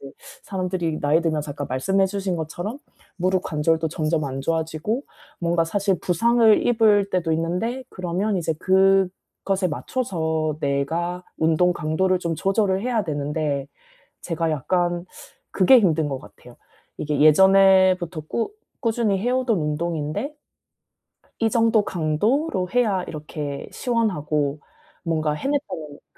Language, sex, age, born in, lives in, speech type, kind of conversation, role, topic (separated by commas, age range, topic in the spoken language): Korean, female, 35-39, South Korea, South Korea, conversation, advice, user, 신체 기능 변화에 정서적으로 어떻게 적응해야 하나요?